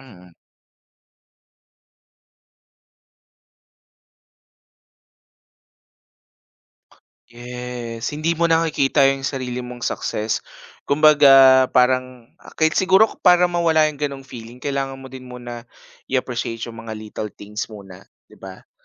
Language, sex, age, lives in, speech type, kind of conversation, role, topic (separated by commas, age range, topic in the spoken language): Filipino, male, 25-29, Philippines, conversation, podcast, host, Ano ang maipapayo mo kapag iniisip mo na huli na ang lahat para sa iyo?